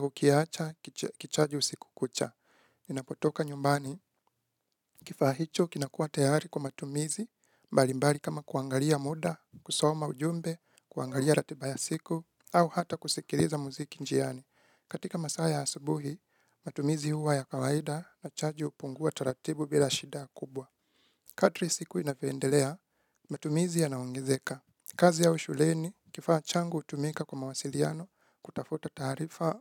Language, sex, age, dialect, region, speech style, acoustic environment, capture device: Swahili, male, 25-34, Kenya - Nairobi Sheng-influenced, Kenya, focused, nature, mobile / Linux / Chrome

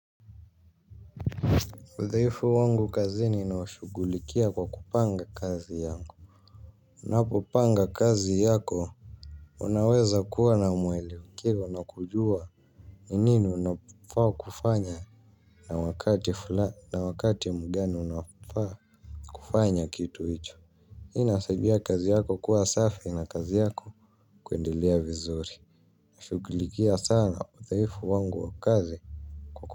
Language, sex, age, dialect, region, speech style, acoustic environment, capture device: Swahili, male, 18-24, Kenya - Nairobi Sheng-influenced, Kenya, happy, people talking, mobile / Linux / Chrome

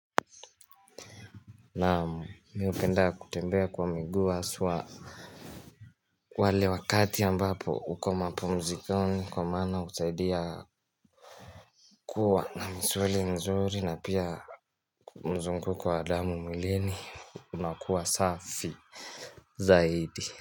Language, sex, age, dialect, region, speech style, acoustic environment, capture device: Swahili, male, 18-24, Kenya - Mombasa, Kenya, happy, nature; silence, mobile / Linux / Chrome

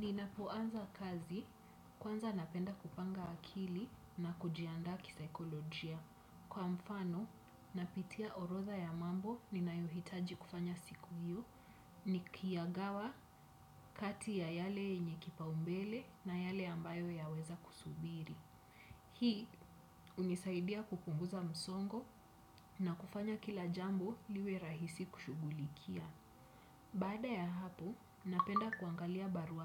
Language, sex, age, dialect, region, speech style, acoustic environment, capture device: Swahili, female, 25-34, Kenya - Nairobi Sheng-influenced, Kenya, happy, nature, desktop / Windows / Chrome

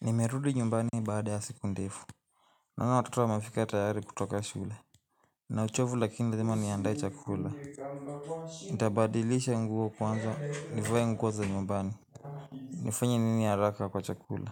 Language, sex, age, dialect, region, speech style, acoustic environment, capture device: Swahili, male, 18-24, Kenya - Nairobi Sheng-influenced, Kenya, relaxed, people talking, mobile / Linux / Chrome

